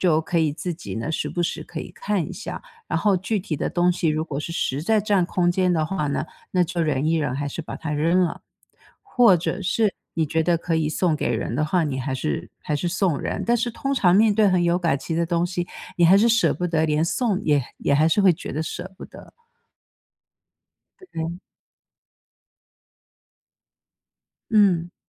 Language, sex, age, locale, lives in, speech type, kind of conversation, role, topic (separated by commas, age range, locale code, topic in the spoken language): Chinese, female, 55-59, zh-TW, United States, conversation, podcast, guest, 当家里的东西堆得太多时，你通常会怎么整理和处理？